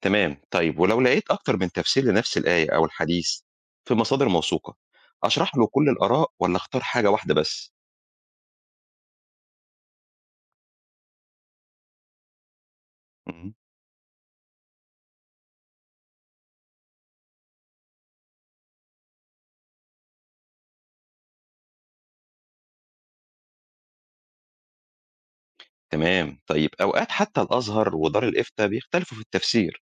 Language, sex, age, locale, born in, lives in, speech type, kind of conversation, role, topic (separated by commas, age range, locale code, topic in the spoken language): Arabic, male, 45-49, ar-EG, Egypt, Egypt, read, expert-assistant, asker, هل في مصادر موثوق فيها فعلاً لما نحب نعرف تفسير آية أو حديث؟ وإزاي نعرف الفرق بين المصادر الصح والمصادر المشبوهة؟